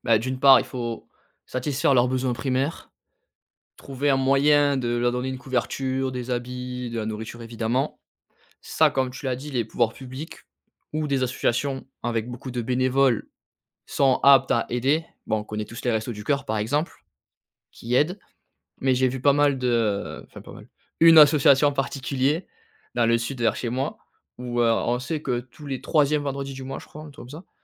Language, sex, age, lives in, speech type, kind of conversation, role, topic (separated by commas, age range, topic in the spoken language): French, male, 30-34, France, conversation, podcast, guest, Peux-tu raconter une belle expérience de solidarité locale ?